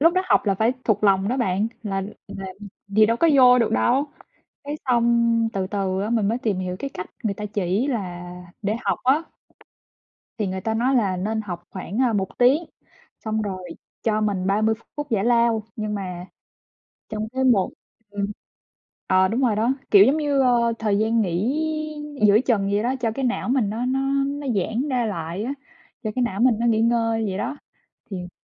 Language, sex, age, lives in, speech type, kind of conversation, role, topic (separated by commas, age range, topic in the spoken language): Vietnamese, male, 20-24, United States, conversation, unstructured, none, Bạn có thói quen nào giúp bạn tập trung hơn không?